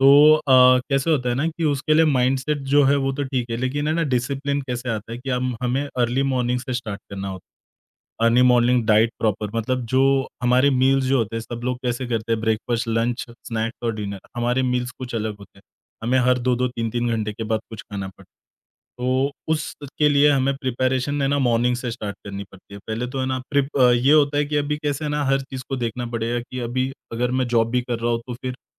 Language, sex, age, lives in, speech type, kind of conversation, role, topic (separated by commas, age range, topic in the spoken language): Hindi, male, 30-34, India, conversation, podcast, guest, Tumhari kaunsi purani hobby hai jise tum dubara shuru karna chahoge?